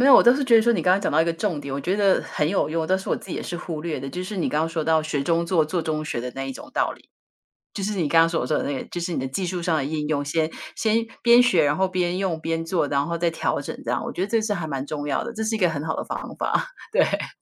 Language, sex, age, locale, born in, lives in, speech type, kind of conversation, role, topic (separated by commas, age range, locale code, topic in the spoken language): Chinese, female, 50-54, zh-TW, Taiwan, United States, conversation, unstructured, none, 你觉得在学习中遇到挫折时该怎么办？